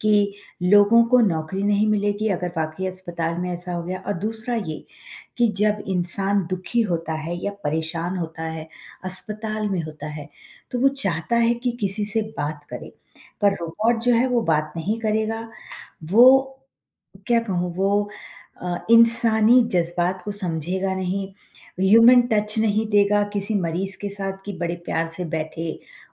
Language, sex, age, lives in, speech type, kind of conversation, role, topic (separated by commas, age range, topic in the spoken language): Hindi, female, 50-54, United States, conversation, unstructured, none, कृत्रिम बुद्धिमत्ता ने स्वास्थ्य सेवा प्रदाताओं का कार्यभार कैसे कम किया है?